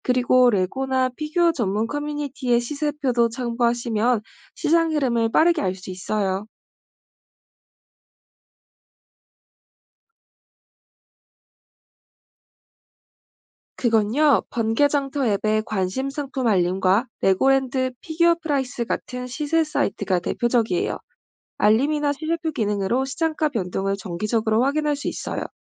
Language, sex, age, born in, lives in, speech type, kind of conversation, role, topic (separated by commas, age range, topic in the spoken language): Korean, female, 25-29, South Korea, Germany, read, expert-assistant, expert, 수집품을 찾고 모을 때 시간과 비용을 아낄 수 있는 노하우가 있을까요?